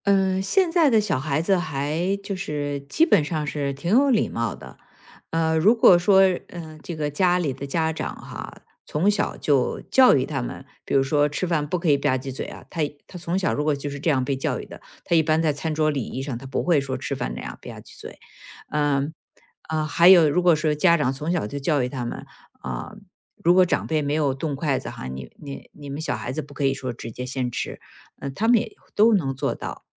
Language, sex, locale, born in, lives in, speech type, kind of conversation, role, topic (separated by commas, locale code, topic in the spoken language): Chinese, female, zh-CN, China, United States, conversation, podcast, guest, 吃饭时有哪些需要避开的忌讳？